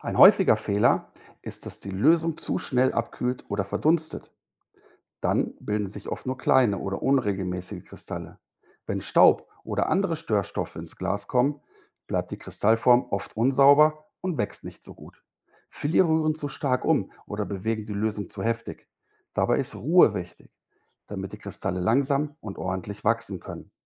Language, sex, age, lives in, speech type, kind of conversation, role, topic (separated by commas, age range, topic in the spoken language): German, male, 50-54, Germany, read, expert-assistant, expert, Wie wachsen Kristalle?